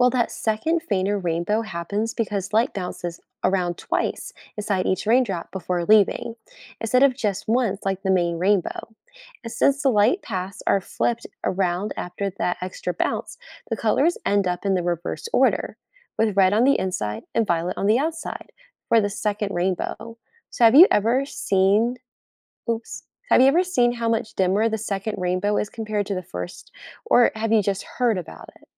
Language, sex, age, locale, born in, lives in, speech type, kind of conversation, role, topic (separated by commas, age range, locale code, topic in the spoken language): English, female, 20-24, en-US, United States, United States, read, expert-assistant, expert, What causes rainbows to appear after storms?